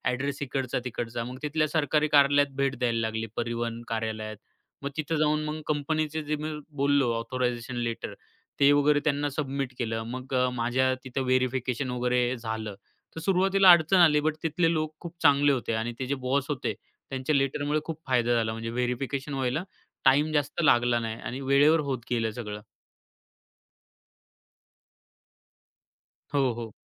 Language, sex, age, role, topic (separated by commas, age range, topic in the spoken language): Marathi, male, 25-29, guest, शहर बदलल्यानंतर तुम्ही नव्या शहराशी कसे जुळवून घेतले?